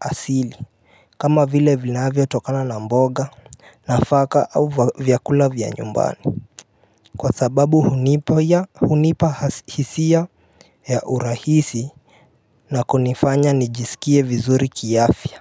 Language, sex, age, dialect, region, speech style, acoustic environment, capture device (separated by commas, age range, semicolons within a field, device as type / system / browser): Swahili, male, 18-24, Kenya - Nairobi Sheng-influenced, Kenya, happy, appliances; nature; music; silence, mobile / Linux / Chrome